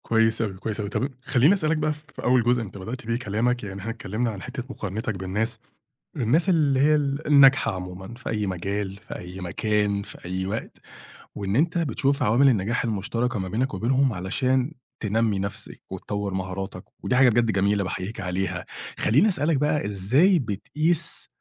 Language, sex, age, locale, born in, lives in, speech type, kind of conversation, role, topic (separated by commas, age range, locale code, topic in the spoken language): Arabic, male, 30-34, ar-EG, Egypt, Egypt, conversation, podcast, host, إزاي بتتعامل مع مقارنة نفسك بالناس؟